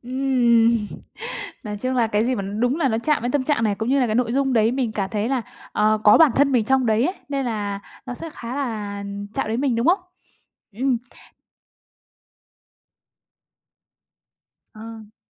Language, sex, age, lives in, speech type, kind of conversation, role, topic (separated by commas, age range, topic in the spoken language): Vietnamese, female, 25-29, Vietnam, conversation, podcast, host, Thể loại nhạc nào chạm đến bạn nhất, và vì sao?